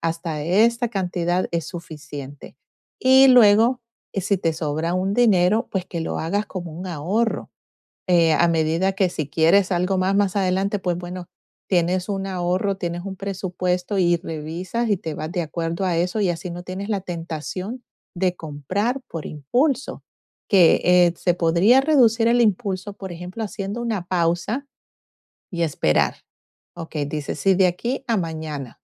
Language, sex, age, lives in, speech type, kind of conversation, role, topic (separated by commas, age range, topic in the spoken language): Spanish, female, 45-49, United States, conversation, advice, advisor, ¿Cómo puedo diferenciar necesidades reales de deseos momentáneos?